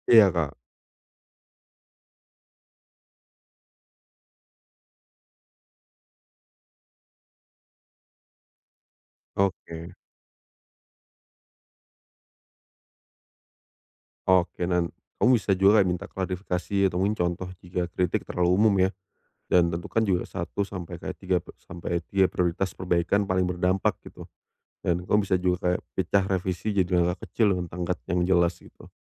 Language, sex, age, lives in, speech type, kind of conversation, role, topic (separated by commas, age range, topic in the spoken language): Indonesian, male, 30-34, Indonesia, conversation, advice, advisor, Bagaimana saya dapat mengubah kritik menjadi langkah perbaikan yang jelas untuk tugas saya?